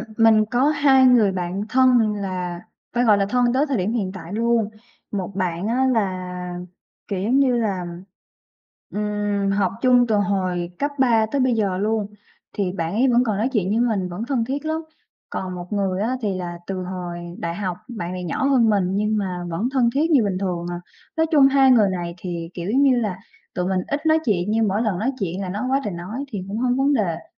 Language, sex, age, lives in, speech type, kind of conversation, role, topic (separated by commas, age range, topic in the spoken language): Vietnamese, female, 25-29, Vietnam, conversation, advice, user, Tại sao bạn thân của tôi thay đổi và trở nên xa lạ, và tôi nên làm gì để cải thiện mối quan hệ này?